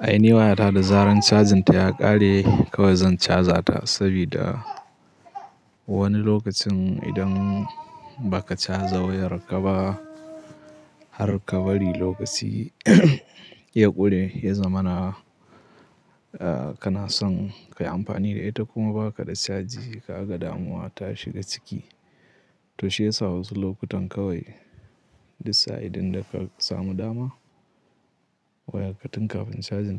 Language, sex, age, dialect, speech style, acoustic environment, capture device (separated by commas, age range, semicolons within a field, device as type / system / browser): Hausa, male, 18-24, Nigeria - Katsina, happy; anxious; nervous; excited; tired; relaxed; stressed; focused, people talking; music; nature; silence; traffic; construction; appliances, mobile / Linux / Chrome